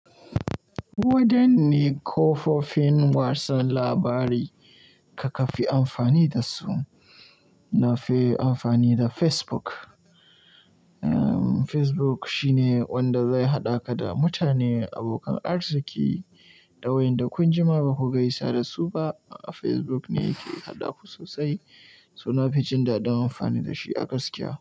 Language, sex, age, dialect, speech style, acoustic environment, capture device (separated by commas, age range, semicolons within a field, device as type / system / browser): Hausa, male, 18-24, Nigeria - Kano, happy; focused, nature; music, mobile / Linux / Chrome